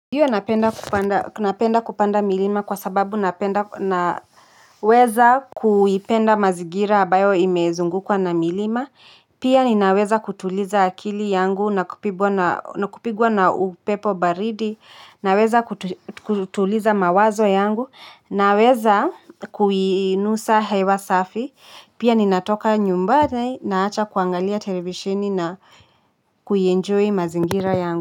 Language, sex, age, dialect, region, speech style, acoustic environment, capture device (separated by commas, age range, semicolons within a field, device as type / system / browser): Swahili, female, 25-34, Kenya - Nairobi Sheng-influenced, Kenya, happy, silence, mobile / Linux / Chrome